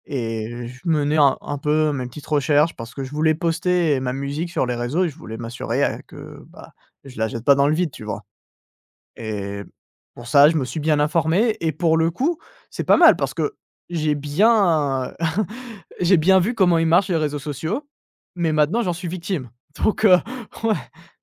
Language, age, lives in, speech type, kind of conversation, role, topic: French, 20-24, France, conversation, advice, user, Comment les téléphones et les réseaux sociaux nuisent-ils à ta concentration ?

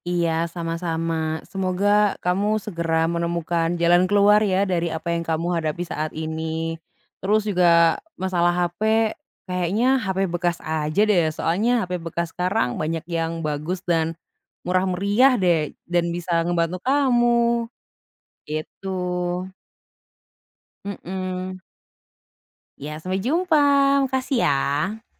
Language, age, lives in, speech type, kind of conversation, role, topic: Indonesian, 25-29, Indonesia, conversation, advice, advisor, Bagaimana cara menyeimbangkan kehidupan pribadi dengan tuntutan bekerja di startup?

